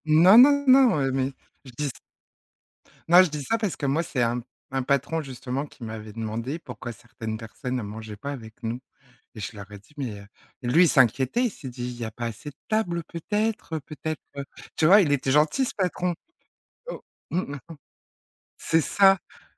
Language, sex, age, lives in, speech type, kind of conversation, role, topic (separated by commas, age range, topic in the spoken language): French, female, 40-44, France, conversation, podcast, host, Comment gères-tu le stress d’un grand virage professionnel ?